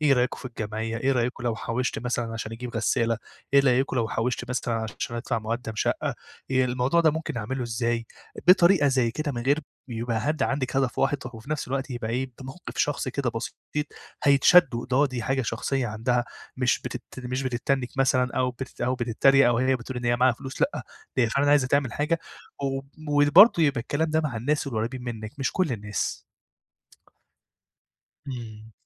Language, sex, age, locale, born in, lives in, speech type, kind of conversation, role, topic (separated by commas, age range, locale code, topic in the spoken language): Arabic, male, 25-29, ar-EG, Egypt, Egypt, conversation, advice, advisor, إزاي أفتح موضوع الادخار مع الناس من غير ما أتكسف؟